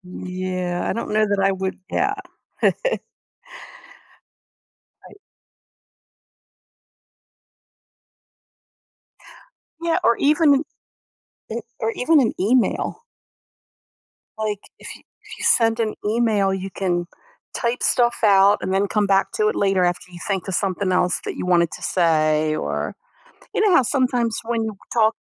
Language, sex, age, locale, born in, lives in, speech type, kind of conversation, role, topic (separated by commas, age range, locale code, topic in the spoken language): English, female, 60-64, en-US, United States, United States, conversation, advice, advisor, How can I repair a friendship after a secret was shared?